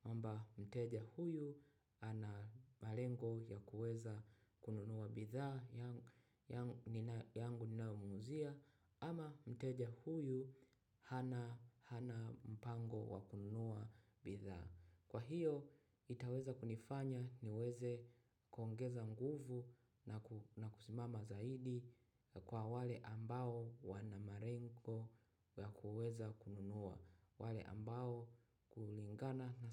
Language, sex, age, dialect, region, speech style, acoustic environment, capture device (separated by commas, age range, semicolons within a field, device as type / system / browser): Swahili, male, 25-34, Tanzania - Dar es Salaam, Tanzania, happy, silence, mobile / macOS / Safari